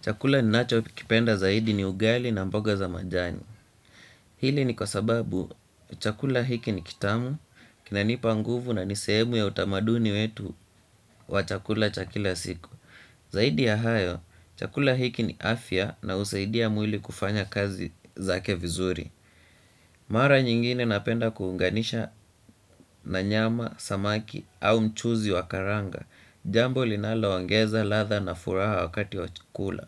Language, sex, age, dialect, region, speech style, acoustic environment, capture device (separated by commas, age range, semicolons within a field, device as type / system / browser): Swahili, male, 18-24, Kenya - Nairobi Sheng-influenced, Kenya, nervous; relaxed, silence, desktop / Windows / Chrome